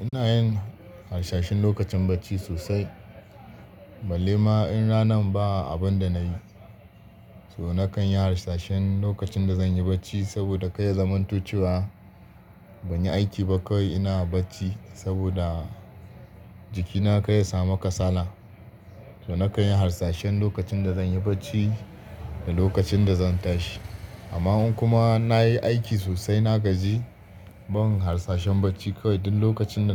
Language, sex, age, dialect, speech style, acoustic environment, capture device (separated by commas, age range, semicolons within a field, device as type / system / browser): Hausa, male, 25-34, Nigeria - Kano, happy; focused; relaxed, people talking; nature, desktop / Linux / Chrome